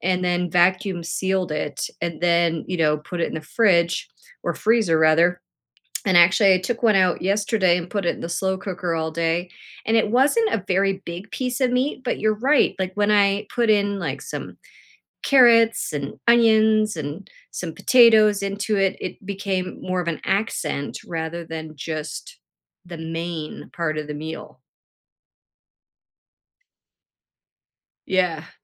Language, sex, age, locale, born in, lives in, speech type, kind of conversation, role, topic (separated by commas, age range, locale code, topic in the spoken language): English, female, 50-54, en-CA, Canada, United States, conversation, podcast, host, What are some practical ways to make delicious and healthy meals without spending a lot of money?